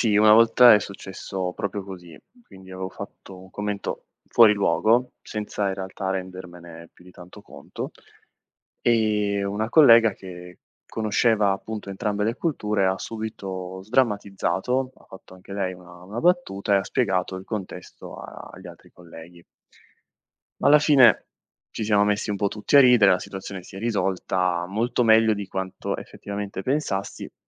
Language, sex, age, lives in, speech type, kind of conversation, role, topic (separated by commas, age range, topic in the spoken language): Italian, male, 25-29, Italy, read, expert-assistant, asker, Come si costruiscono relazioni autentiche tra culture diverse?